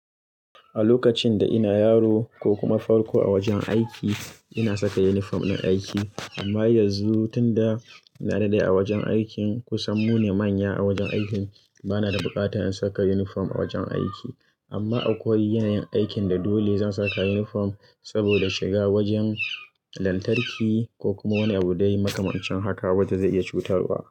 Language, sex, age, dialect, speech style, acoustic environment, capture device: Hausa, male, 25-34, Nigeria - Kano, focused, people talking; music, mobile / Linux / Chrome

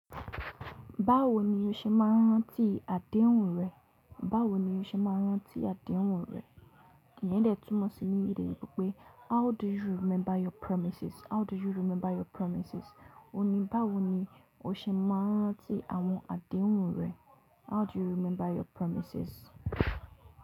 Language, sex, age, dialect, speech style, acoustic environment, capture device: Yoruba, female, 18-24, Nigeria - Lagos Yoruba, relaxed, silence, mobile / Linux / Chrome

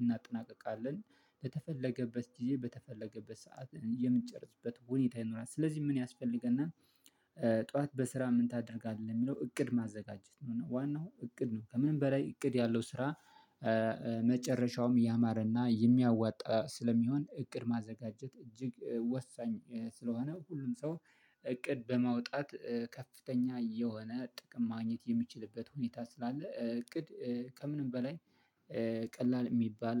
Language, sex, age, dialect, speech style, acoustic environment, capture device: Amharic, male, 25-34, Ethiopia - Addis Ababa, happy, silence, mobile / Linux / Chrome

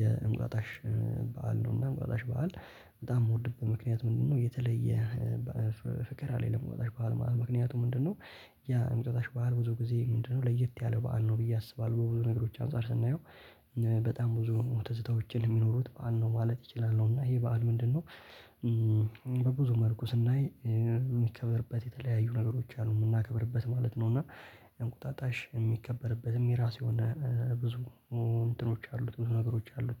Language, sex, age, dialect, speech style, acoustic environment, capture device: Amharic, male, 18-24, Ethiopia - Addis Ababa, relaxed, nature, mobile / Linux / Chrome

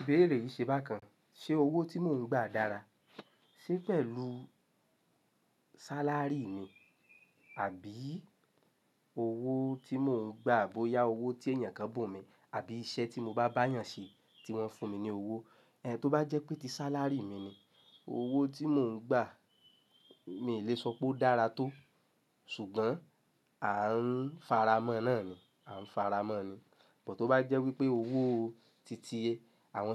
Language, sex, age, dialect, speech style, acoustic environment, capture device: Yoruba, male, 25-34, Nigeria - Lagos Yoruba, happy; relaxed; excited, nature; silence, mobile / Linux / Chrome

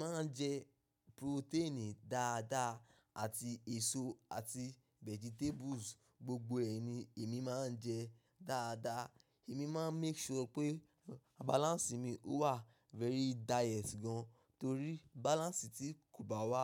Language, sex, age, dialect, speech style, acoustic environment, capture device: Yoruba, male, 25-34, Nigeria - Ibadan, focused, nature, mobile / macOS / Safari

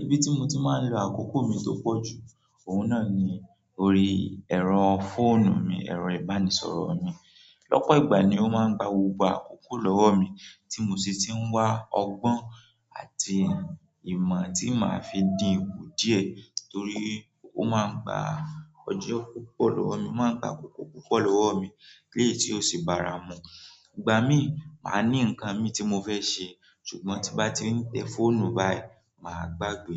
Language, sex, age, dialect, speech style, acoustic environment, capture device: Yoruba, male, 18-24, Nigeria - Nigerian Standard, relaxed; focused, nature; people talking, mobile / Android / Chrome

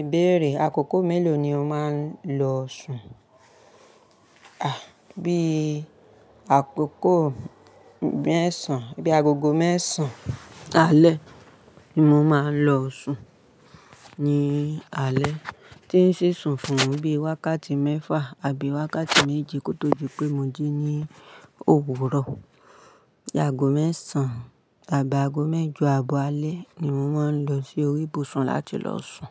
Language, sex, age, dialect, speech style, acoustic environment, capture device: Yoruba, female, 35-44, Nigeria - Ibadan, happy; relaxed, people talking; appliances, mobile / Linux / Chrome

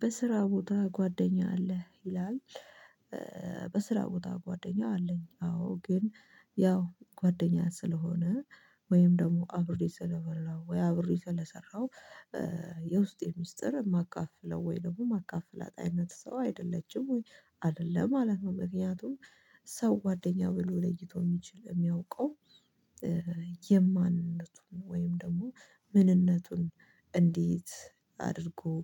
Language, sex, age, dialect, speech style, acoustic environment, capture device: Amharic, female, 25-34, Ethiopia - Addis Ababa, focused; relaxed, nature, mobile / Linux / Chrome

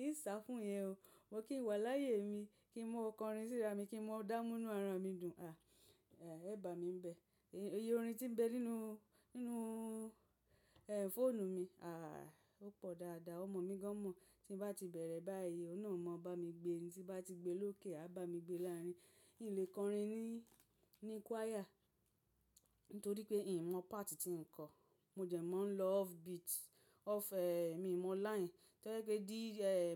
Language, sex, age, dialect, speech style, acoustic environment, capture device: Yoruba, female, 35-44, Nigeria - Lagos Yoruba, happy, silence, mobile / macOS / Safari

